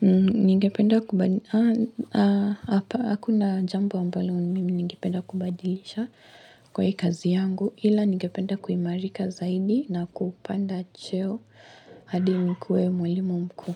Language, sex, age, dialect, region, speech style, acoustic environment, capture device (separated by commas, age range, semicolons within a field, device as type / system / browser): Swahili, female, 18-24, Kenya - Mombasa, Kenya, relaxed, nature, mobile / Linux / Chrome